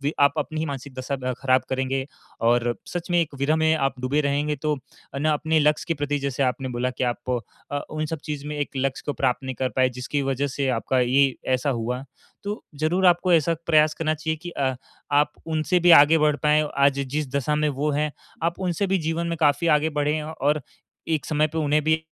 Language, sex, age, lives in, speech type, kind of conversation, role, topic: Hindi, male, 18-19, India, conversation, advice, advisor, टूटे रिश्ते को स्वीकार कर आगे कैसे बढ़ूँ?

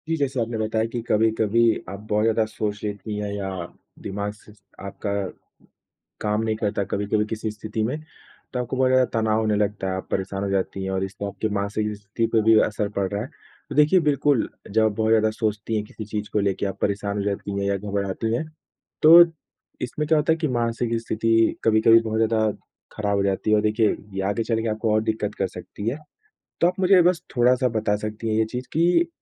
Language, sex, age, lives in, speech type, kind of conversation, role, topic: Hindi, male, 25-29, India, conversation, advice, advisor, दुख होने पर आप अपनी भावनाएँ दबाकर लोगों से दूर क्यों हो जाते हैं?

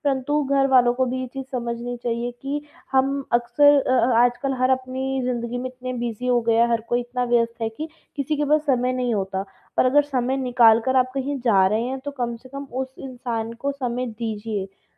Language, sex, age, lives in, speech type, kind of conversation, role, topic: Hindi, female, 20-24, India, conversation, advice, user, मैं समारोह में दूसरों की अपेक्षाओं से कैसे मुक्त रहूँ?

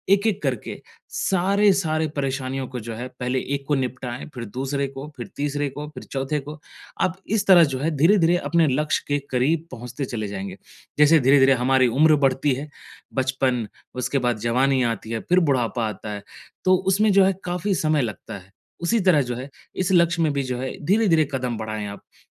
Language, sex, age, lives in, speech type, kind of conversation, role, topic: Hindi, male, 25-29, India, conversation, advice, advisor, बदलते हालात के अनुसार मैं अपने लक्ष्यों को कैसे संशोधित कर सकता/सकती हूँ?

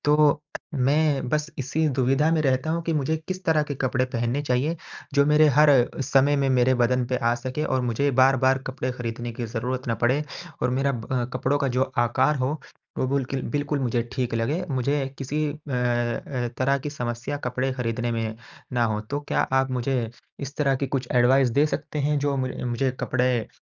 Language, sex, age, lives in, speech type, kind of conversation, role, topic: Hindi, male, 20-24, India, conversation, advice, user, जब कपड़ों का फिट समझना मुश्किल लगे, तो सही आकार कैसे चुनूं?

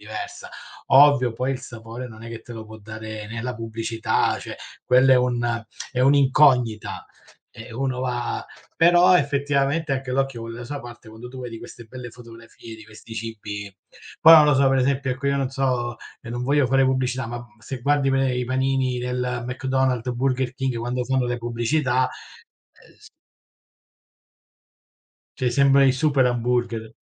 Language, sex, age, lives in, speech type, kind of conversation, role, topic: Italian, male, 40-44, Italy, conversation, unstructured, none, Cosa ne pensi delle pubblicità ingannevoli sui prodotti alimentari?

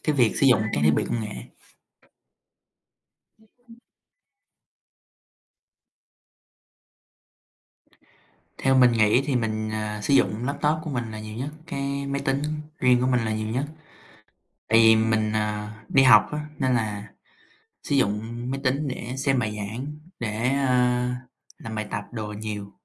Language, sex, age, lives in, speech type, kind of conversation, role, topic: Vietnamese, male, 18-19, United States, conversation, unstructured, none, Bạn quản lý thời gian sử dụng các thiết bị công nghệ như thế nào?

